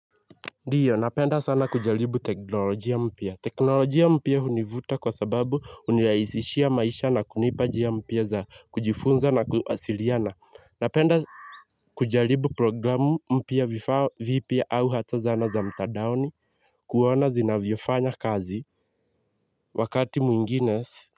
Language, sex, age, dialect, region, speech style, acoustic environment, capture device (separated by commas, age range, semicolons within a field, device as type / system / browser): Swahili, male, 18-24, Kenya - Nairobi Sheng-influenced, Kenya, happy, silence, mobile / Linux / Chrome